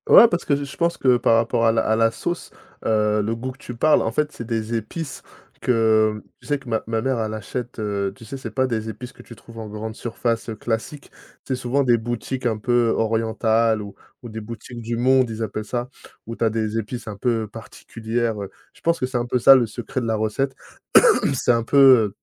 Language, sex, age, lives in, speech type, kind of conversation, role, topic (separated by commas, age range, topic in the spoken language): French, male, 30-34, France, conversation, podcast, guest, Quel plat te rappelle le plus ton enfance ?